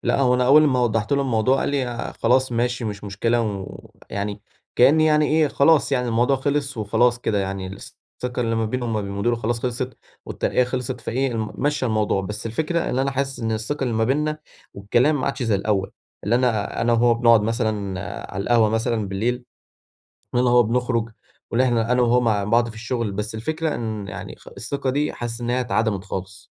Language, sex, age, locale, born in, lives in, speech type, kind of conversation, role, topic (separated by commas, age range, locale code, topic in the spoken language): Arabic, male, 18-19, ar-EG, Egypt, Egypt, conversation, advice, user, إزاي أرجّع ثقة حد اتأذى بسبب غلطتي، وإيه الخطوات العملية اللي أعملها؟